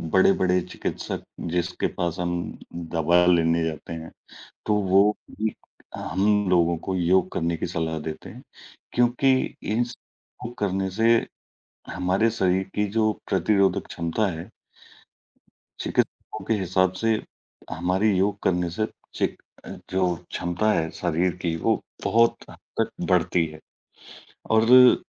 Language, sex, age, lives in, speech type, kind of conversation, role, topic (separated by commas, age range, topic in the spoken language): Hindi, male, 40-44, India, conversation, unstructured, none, आपके लिए योग का क्या महत्व है?